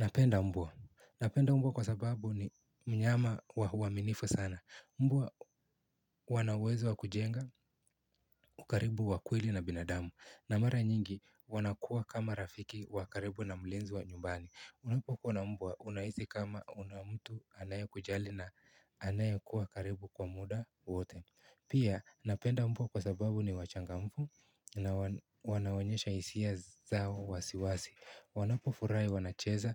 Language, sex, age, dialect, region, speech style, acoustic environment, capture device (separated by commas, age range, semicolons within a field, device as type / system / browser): Swahili, male, 18-24, Kenya - Nairobi Sheng-influenced, Kenya, happy, silence, mobile / Linux / Chrome